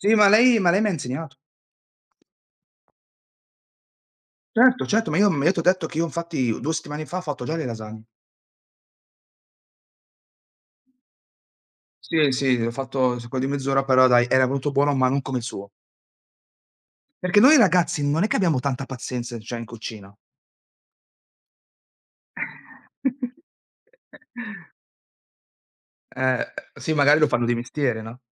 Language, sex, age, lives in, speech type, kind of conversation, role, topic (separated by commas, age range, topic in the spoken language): Italian, male, 40-44, Italy, conversation, unstructured, none, Qual è il tuo piatto italiano preferito?